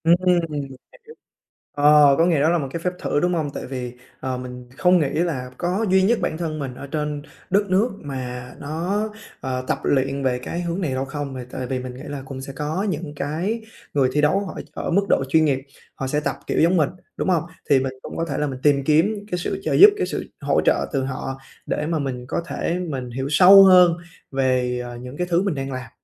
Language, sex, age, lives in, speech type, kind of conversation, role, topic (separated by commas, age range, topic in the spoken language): Vietnamese, male, 20-24, Vietnam, conversation, advice, user, Làm sao để vượt qua tình trạng chững lại khi tập luyện?